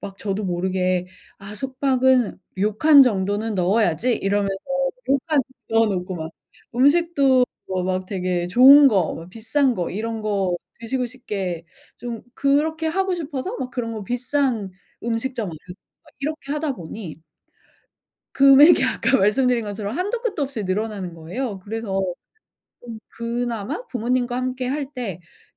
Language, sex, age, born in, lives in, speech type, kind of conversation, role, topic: Korean, female, 40-44, South Korea, United States, conversation, advice, user, 예산이 제한된 상황에서 알뜰하게 여행을 계획하려면 어떻게 해야 하나요?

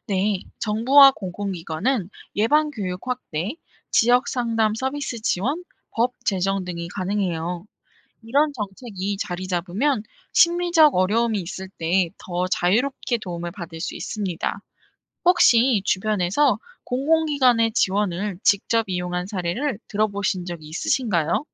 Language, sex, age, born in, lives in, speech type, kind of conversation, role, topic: Korean, female, 25-29, South Korea, South Korea, read, expert-assistant, expert, 왜 한국 사회에서는 정신 건강 문제에 대해 공개적으로 이야기하는 것이 여전히 어려운 걸까요?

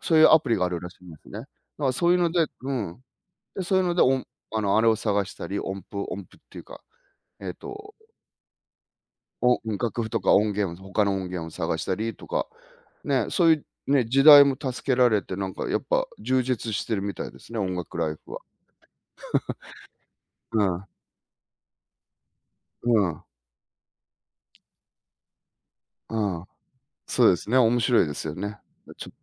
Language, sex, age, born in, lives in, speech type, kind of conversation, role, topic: Japanese, male, 50-54, Japan, Japan, conversation, unstructured, none, 好きな音楽を聴くと、どんな気持ちになりますか？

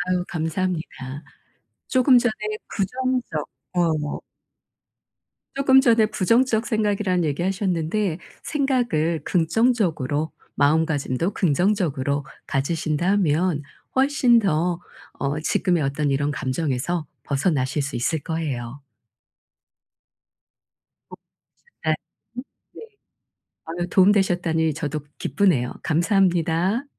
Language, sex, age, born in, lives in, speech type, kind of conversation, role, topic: Korean, female, 55-59, South Korea, South Korea, conversation, advice, advisor, 다른 사람과 비교해서 제 가치가 낮게 느껴질 때 어떻게 해야 하나요?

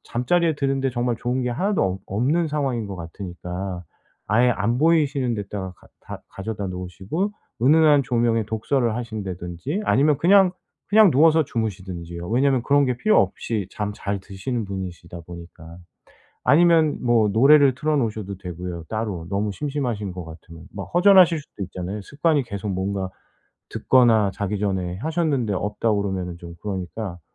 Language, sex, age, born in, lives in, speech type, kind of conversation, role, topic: Korean, male, 45-49, South Korea, South Korea, conversation, advice, advisor, 잠자기 전에 화면 보는 시간을 줄이려면 어떻게 해야 하나요?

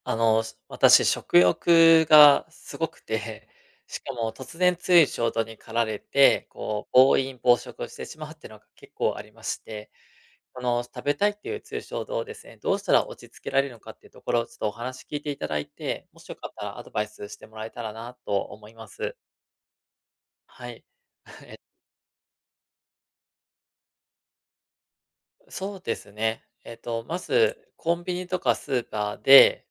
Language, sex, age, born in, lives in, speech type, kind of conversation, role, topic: Japanese, male, 35-39, Japan, Japan, conversation, advice, user, 食べたいという強い衝動を、どうすれば落ち着けられますか？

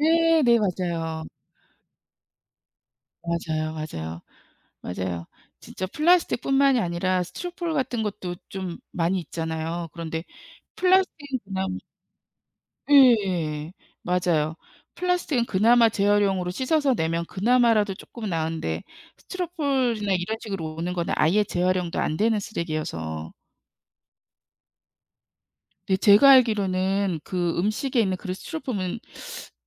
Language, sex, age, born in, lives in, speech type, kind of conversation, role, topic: Korean, female, 55-59, South Korea, United States, conversation, unstructured, none, 지구 온난화를 늦추기 위해 우리가 할 수 있는 일은 무엇일까요?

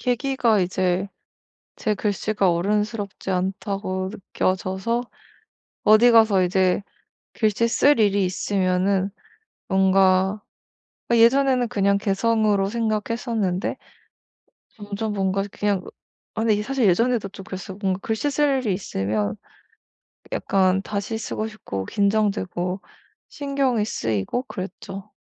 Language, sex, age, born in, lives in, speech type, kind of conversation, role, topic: Korean, female, 25-29, South Korea, Germany, conversation, advice, user, 작은 습관으로 실력을 꾸준히 향상시키려면 어떻게 해야 하나요?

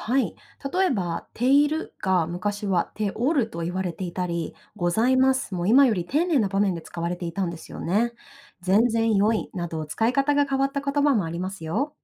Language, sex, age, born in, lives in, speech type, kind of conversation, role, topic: Japanese, female, 30-34, Japan, Japan, read, expert-assistant, expert, 『標準語』って、実際にはどんな意味？どうやって決められているの？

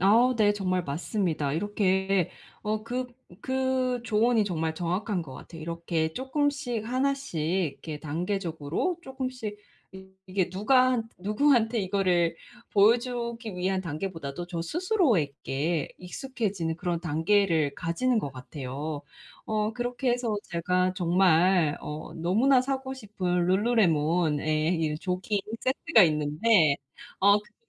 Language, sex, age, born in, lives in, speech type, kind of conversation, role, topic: Korean, female, 30-34, South Korea, United States, conversation, advice, user, 다른 사람의 시선을 덜 신경 쓰려면 어떻게 시작하면 좋을까요?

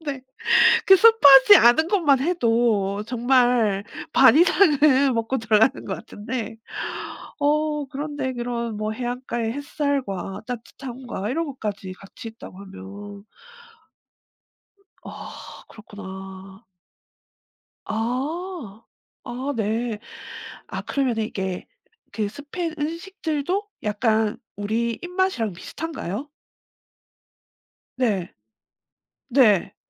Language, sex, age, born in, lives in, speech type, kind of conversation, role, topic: Korean, female, 40-44, South Korea, South Korea, conversation, podcast, host, 여행 중 음식 때문에 크게 감동한 적 있나요?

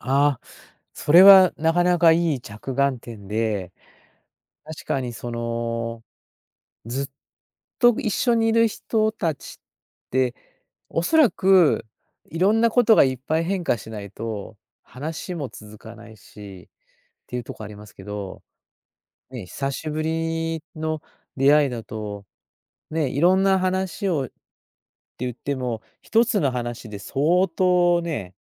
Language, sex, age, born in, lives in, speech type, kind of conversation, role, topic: Japanese, male, 60-64, Japan, Japan, conversation, podcast, host, 友情を長続きさせるためのコツは何だと思いますか？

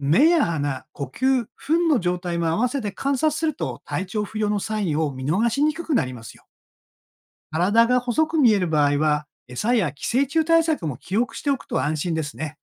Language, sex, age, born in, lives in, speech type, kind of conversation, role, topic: Japanese, male, 60-64, Japan, Japan, read, expert-assistant, expert, 放牧スタイルと屋内飼育、それぞれのメリットとデメリットは何でしょうか？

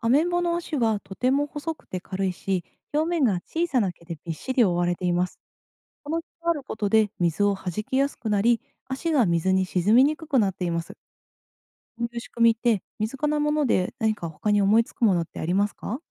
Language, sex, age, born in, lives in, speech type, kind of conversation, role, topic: Japanese, female, 30-34, Japan, Japan, read, expert-assistant, expert, どうしてアメンボは水の上を歩けるのか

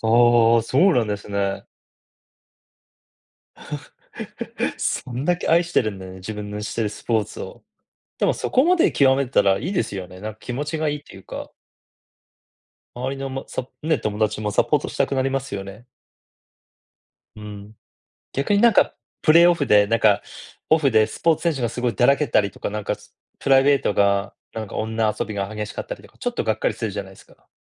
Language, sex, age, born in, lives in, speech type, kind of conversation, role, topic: Japanese, male, 40-44, Japan, United States, conversation, unstructured, none, スポーツ選手が感じるプレッシャーについて、あなたはどう思いますか？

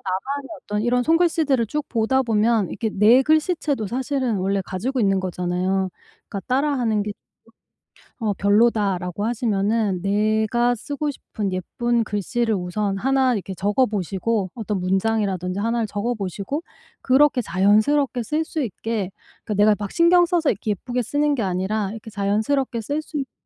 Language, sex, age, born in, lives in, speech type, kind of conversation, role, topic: Korean, female, 45-49, South Korea, United States, conversation, advice, advisor, 목표 진행 상황을 명확하게 측정하는 방법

